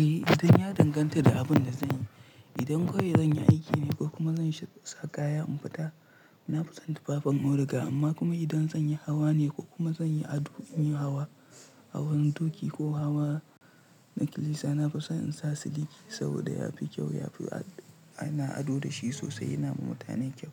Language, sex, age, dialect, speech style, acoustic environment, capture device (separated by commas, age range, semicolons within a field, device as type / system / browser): Hausa, male, 18-24, Nigeria - Pidgin-influenced, focused; stressed; nervous, nature; silence, mobile / Linux / Chrome